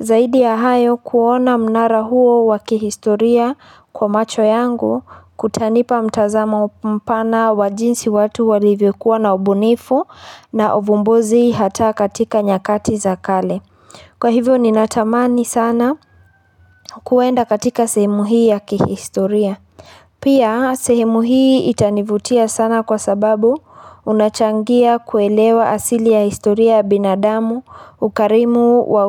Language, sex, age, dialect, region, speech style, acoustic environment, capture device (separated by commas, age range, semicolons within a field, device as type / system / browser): Swahili, female, 25-34, Kenya - Nairobi Sheng-influenced, Kenya, happy, traffic, mobile / Linux / Chrome